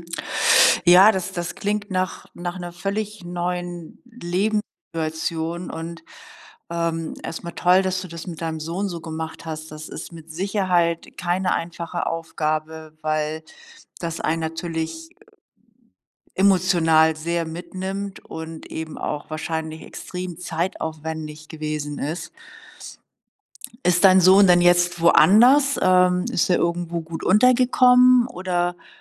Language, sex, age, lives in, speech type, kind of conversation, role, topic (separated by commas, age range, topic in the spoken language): German, female, 60-64, Germany, conversation, advice, advisor, Wie erlebst du ständige Sorgen und Unsicherheit wegen einer unklaren Zukunft?